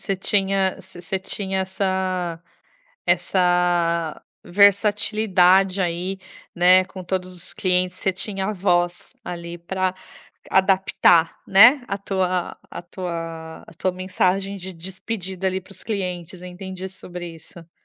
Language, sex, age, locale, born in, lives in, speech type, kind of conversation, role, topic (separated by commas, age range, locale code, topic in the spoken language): Portuguese, female, 35-39, pt-BR, Brazil, Italy, conversation, podcast, host, Como você separa a vida pessoal do trabalho nas redes sociais e nas mensagens?